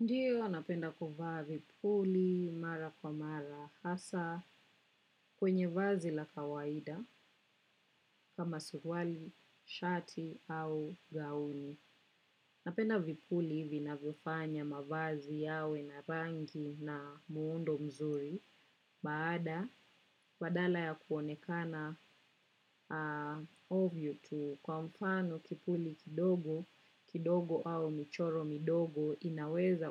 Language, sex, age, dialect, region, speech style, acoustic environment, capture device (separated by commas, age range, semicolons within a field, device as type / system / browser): Swahili, female, 25-34, Kenya - Nairobi Sheng-influenced, Kenya, relaxed, nature, desktop / macOS / Chrome